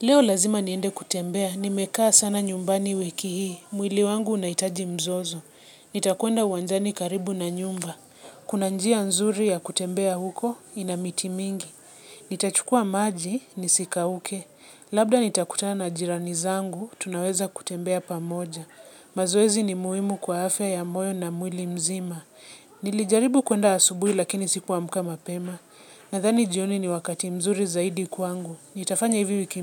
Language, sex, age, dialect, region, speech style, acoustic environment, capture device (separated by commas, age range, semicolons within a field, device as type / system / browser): Swahili, female, 25-34, Kenya - Nairobi Sheng-influenced, Kenya, relaxed; focused; excited, nature; silence; people talking, mobile / Linux / Chrome